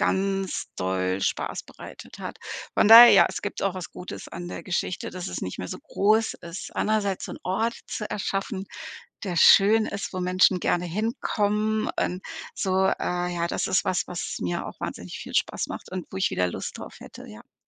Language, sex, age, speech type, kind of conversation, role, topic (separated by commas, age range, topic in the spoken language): German, female, 55-59, conversation, podcast, guest, Wann hast du dich zuletzt neu erfunden, und wie ist das abgelaufen?